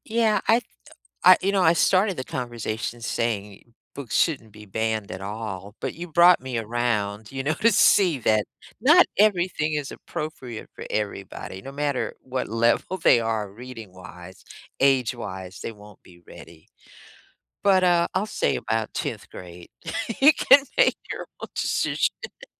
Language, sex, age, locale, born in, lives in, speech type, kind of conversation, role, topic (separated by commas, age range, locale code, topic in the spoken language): English, female, 60-64, en-US, United States, United States, conversation, unstructured, none, What is your opinion on schools banning certain books or topics?